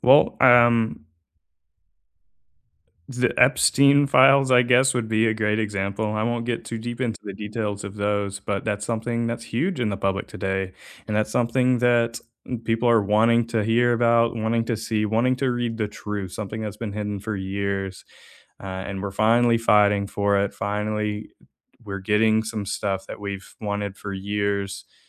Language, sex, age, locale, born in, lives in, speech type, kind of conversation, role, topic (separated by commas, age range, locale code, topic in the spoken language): English, male, 20-24, en-US, United States, United States, conversation, unstructured, none, Why is transparency important in government?